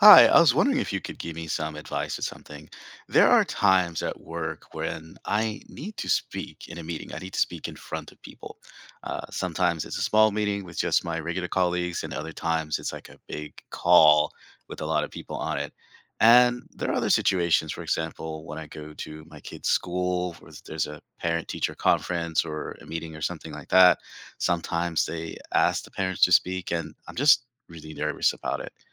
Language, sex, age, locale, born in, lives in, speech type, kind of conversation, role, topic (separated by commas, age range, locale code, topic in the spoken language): English, male, 45-49, en-US, United States, United States, read, expert-assistant, asker, How can you improve your public speaking skills?